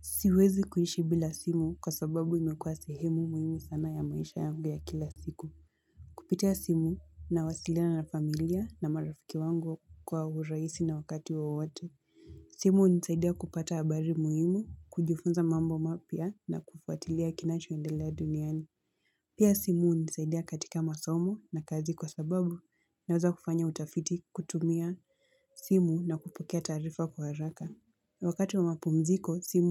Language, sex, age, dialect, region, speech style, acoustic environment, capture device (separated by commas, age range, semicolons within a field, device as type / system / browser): Swahili, female, 18-24, Kenya - Mombasa, Kenya, anxious, music, mobile / Linux / Chrome